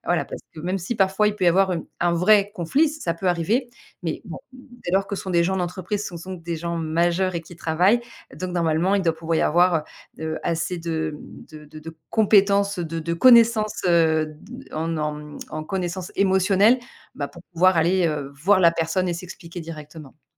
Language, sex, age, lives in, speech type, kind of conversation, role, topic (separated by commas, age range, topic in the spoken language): French, female, 40-44, France, conversation, podcast, guest, Pourquoi, à ton avis, autant d’e-mails créent-ils des malentendus ?